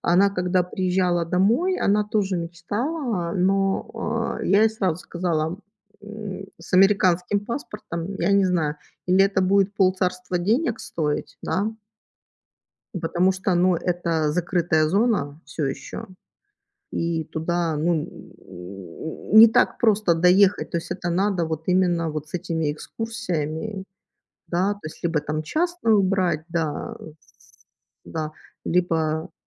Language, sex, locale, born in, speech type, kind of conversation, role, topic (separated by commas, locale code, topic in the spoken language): Russian, female, ru-RU, Ukraine, conversation, unstructured, none, Что вы предпочитаете: путешествовать с экскурсиями или самостоятельно исследовать новые места?